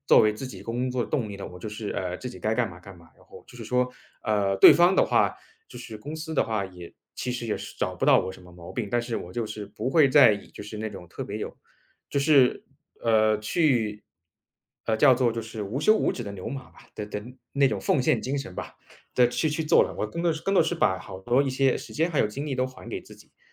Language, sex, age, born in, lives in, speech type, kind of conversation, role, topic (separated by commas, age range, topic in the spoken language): Chinese, male, 30-34, China, United States, conversation, podcast, guest, 有没有哪次旅行改变了你的人生方向？